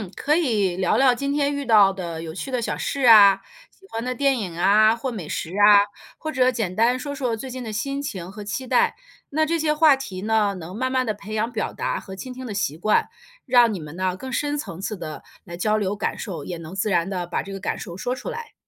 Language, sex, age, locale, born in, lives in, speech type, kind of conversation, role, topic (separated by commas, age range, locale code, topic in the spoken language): Chinese, female, 40-44, zh-CN, China, United States, read, expert-assistant, expert, 遇到亲密关系中的争执，你通常会用什么样的方式让对话变得顺畅？